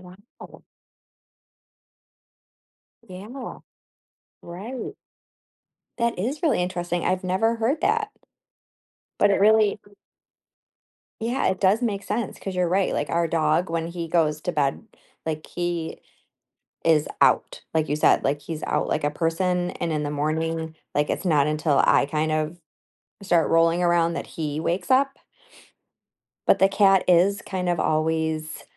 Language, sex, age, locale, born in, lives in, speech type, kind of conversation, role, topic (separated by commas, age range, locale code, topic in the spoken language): English, female, 45-49, en-US, United States, United States, conversation, unstructured, none, What are your thoughts on keeping cats versus dogs as pets?